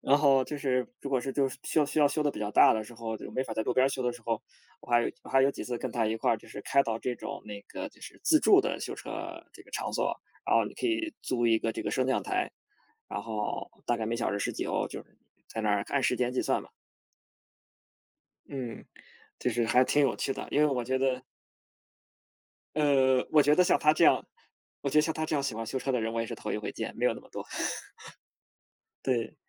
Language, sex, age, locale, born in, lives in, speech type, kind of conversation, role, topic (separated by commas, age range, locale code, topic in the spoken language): Chinese, male, 30-34, zh-CN, China, Germany, conversation, unstructured, none, 你最喜欢和朋友一起做哪些活动？